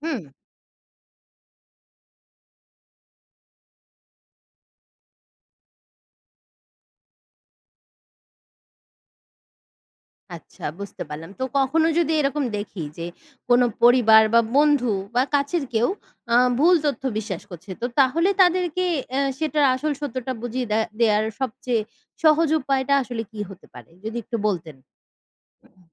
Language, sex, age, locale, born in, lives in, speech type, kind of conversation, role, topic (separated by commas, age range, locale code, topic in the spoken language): Bengali, female, 20-24, bn-BD, Bangladesh, Bangladesh, read, expert-assistant, asker, অনলাইনে ফেক নিউজ চেনার উপায়